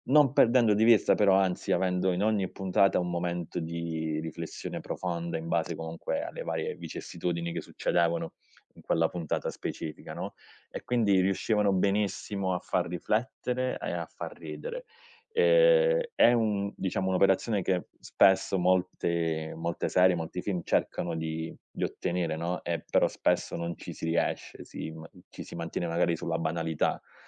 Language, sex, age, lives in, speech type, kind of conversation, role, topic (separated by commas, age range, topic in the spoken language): Italian, male, 30-34, Italy, conversation, podcast, guest, Qual è la serie TV che ti ha appassionato di più e perché?